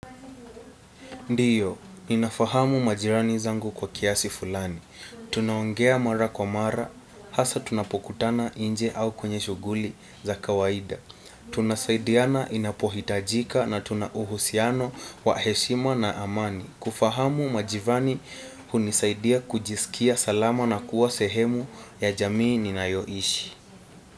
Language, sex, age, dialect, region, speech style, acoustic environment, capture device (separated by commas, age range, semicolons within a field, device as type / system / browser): Swahili, male, 18-24, Kenya - Nairobi Sheng-influenced, Kenya, focused; relaxed, silence, desktop / Windows / Chrome